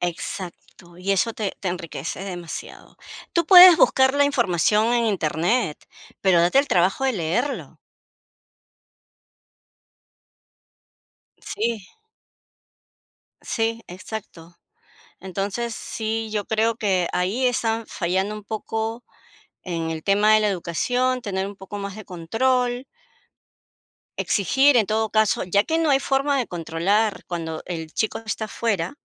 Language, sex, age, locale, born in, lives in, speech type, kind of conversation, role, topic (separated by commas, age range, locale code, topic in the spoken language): Spanish, female, 55-59, es-PE, Peru, United States, conversation, unstructured, none, ¿Crees que la tecnología ha mejorado la educación?